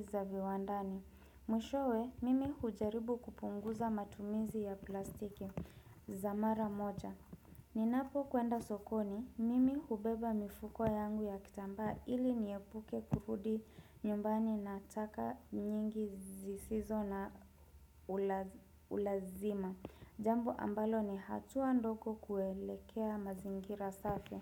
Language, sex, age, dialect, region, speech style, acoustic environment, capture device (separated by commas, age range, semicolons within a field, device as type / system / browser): Swahili, female, 25-34, Kenya - Nairobi Sheng-influenced, Kenya, relaxed, people talking; nature; music; construction; appliances, desktop / Windows / Chrome